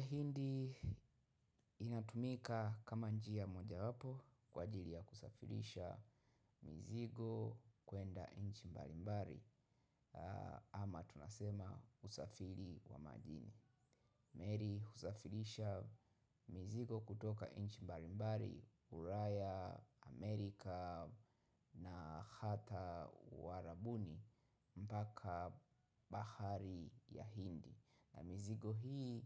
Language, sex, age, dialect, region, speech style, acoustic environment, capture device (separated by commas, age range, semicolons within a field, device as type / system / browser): Swahili, male, 25-34, Tanzania - Dar es Salaam, Tanzania, happy, silence, mobile / macOS / Safari